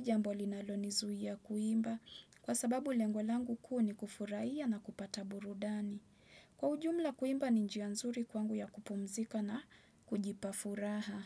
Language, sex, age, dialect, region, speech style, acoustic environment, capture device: Swahili, female, 25-34, Kenya - Mombasa, Kenya, relaxed, nature; silence, desktop / Windows / Chrome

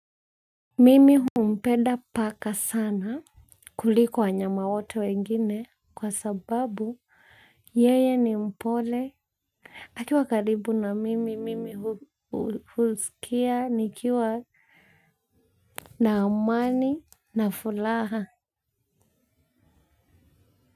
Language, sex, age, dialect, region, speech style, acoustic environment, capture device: Swahili, female, 25-34, Kenya - Nairobi Sheng-influenced, Kenya, focused, nature, mobile / Linux / Chrome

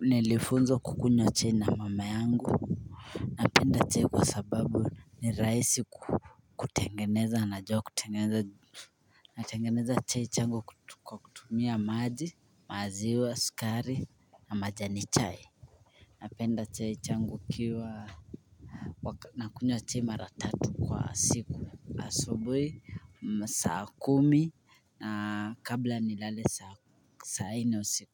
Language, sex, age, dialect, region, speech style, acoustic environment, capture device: Swahili, male, 25-34, Kenya - Mombasa, Kenya, focused, silence, mobile / Linux / Chrome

